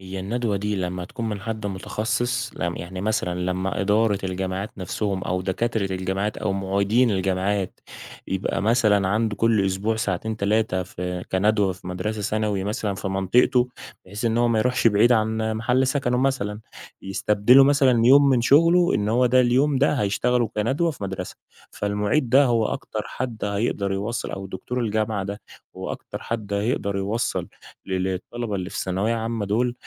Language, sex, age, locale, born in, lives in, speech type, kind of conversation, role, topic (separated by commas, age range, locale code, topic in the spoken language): Arabic, male, 20-24, ar-EG, Egypt, Egypt, conversation, podcast, guest, إزاي نعلّم الطلبة ما يخافوش من الفشل؟